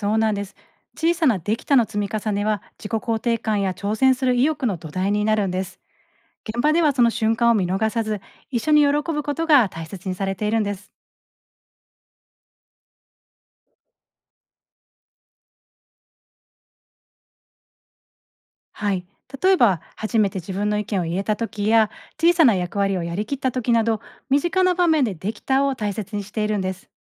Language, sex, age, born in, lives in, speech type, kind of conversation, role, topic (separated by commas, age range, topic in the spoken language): Japanese, female, 40-44, Japan, Japan, read, expert-assistant, expert, 困っている人を助ける仕事と聞きますが、『助ける』って具体的にはどんなことを指すのでしょうか？現場での実際の例を教えてください。